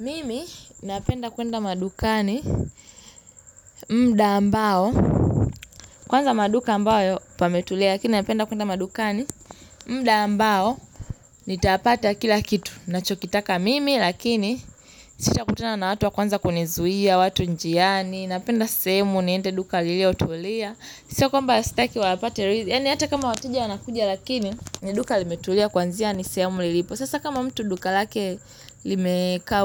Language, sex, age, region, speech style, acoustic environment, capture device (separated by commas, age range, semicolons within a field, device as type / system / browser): Swahili, female, 18-24, Tanzania, relaxed, appliances, mobile / Linux / Chrome